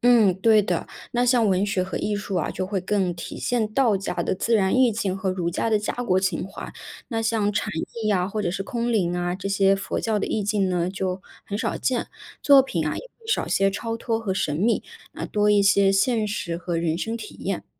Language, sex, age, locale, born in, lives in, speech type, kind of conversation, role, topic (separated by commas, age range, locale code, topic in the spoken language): Chinese, female, 30-34, zh-CN, China, United States, read, expert-assistant, expert, 如果历史上没有出现佛教，对中国文化和社会会产生怎样的影响？